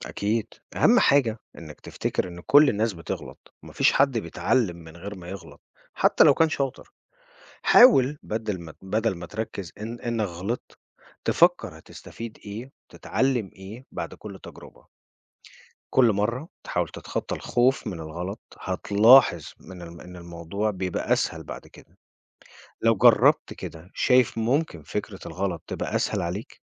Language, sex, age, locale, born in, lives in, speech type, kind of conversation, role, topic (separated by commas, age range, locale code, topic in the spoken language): Arabic, male, 40-44, ar-EG, Egypt, United States, read, expert-assistant, expert, كيف يمكن للخطأ أن يكون وسيلة للتعلم والتطور؟